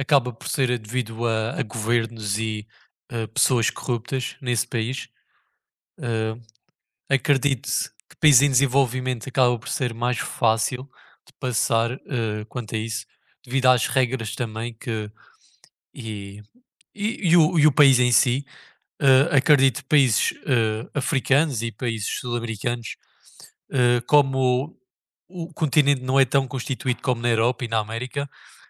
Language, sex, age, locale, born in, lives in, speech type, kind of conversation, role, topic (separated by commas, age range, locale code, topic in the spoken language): Portuguese, male, 20-24, pt-PT, Portugal, Portugal, conversation, unstructured, none, O que você acha da forma como o dinheiro é distribuído no mundo?